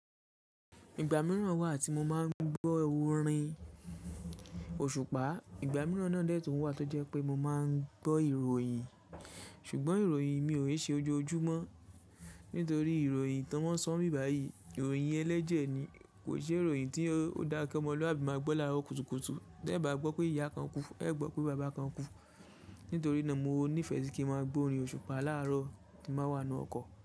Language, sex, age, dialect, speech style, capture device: Yoruba, male, 25-34, Nigeria - Ibadan, focused; happy, mobile / Linux / Chrome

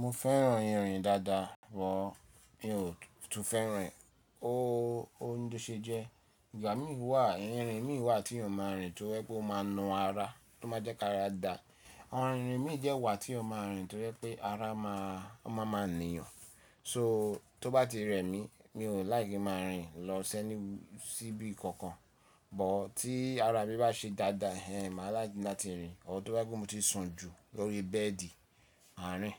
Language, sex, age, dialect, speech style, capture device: Yoruba, male, 35-44, Nigeria - Lagos Yoruba, relaxed, mobile / Linux / Chrome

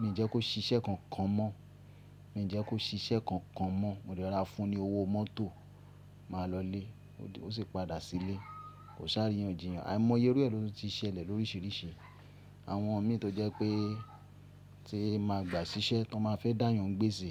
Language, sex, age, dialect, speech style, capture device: Yoruba, male, 35-44, Nigeria - Ibadan, happy, mobile / Linux / Chrome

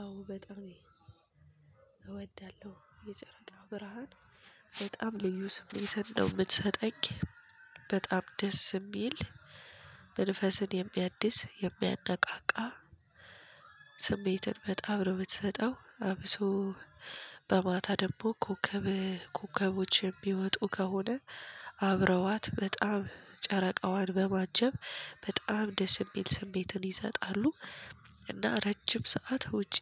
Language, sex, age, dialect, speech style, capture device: Amharic, female, 25-34, Ethiopia - Addis Ababa, tired, mobile / Linux / Chrome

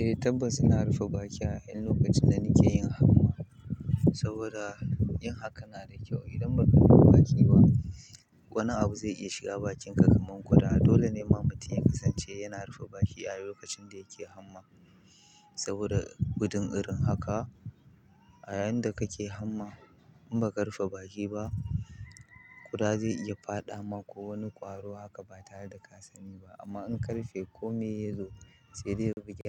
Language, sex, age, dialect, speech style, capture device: Hausa, male, 25-34, Nigeria - Kano, happy, mobile / Linux / Chrome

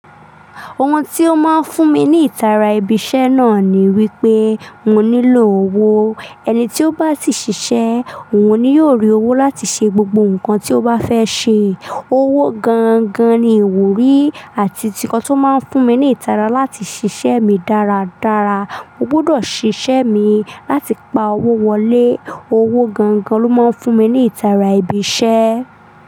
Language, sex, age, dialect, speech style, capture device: Yoruba, female, 25-34, Nigeria - Lagos Yoruba, relaxed, desktop / Linux / Chrome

